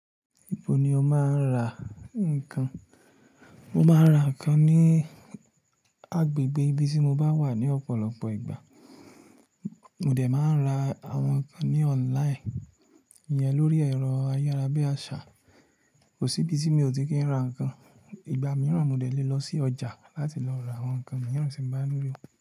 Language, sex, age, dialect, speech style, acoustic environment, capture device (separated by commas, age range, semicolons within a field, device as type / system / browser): Yoruba, male, 25-34, Nigeria - Ibadan, relaxed, silence, mobile / Linux / Chrome